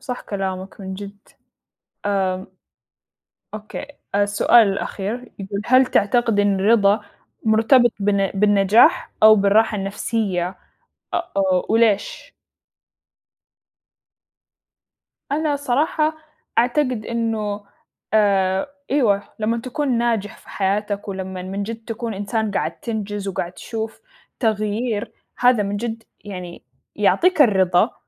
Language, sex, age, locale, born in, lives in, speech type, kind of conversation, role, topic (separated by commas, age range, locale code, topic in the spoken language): Arabic, female, 20-24, ar-SA, Saudi Arabia, United States, conversation, unstructured, none, إيه أكتر حاجة بتحسّسك بالرضا في حياتك؟